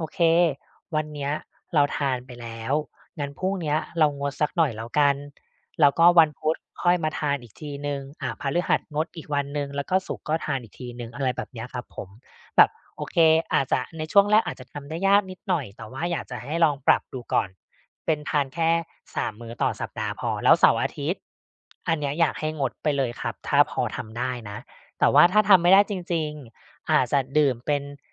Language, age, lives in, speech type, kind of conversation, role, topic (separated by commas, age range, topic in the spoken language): Thai, 35-39, Thailand, conversation, advice, advisor, ฉันจะควบคุมความอยากกินขนมระหว่างวันได้อย่างไร?